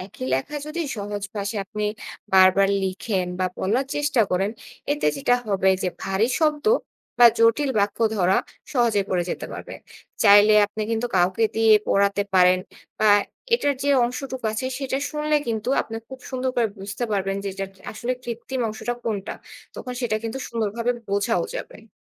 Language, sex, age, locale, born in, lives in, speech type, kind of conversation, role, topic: Bengali, female, 25-29, bn-BD, Bangladesh, Bangladesh, read, expert-assistant, expert, কীভাবে নিজের ভাষা বোঝার ক্ষমতা বাড়ানো যায়, এবং তার জন্য কোন ধরনের চর্চা সবচেয়ে কার্যকর?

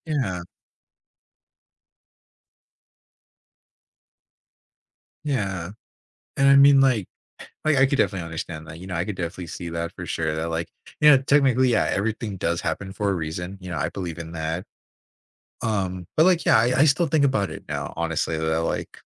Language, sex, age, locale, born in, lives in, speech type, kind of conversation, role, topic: English, male, 20-24, en-US, United States, United States, conversation, advice, user, How can I stay resilient after missing an opportunity?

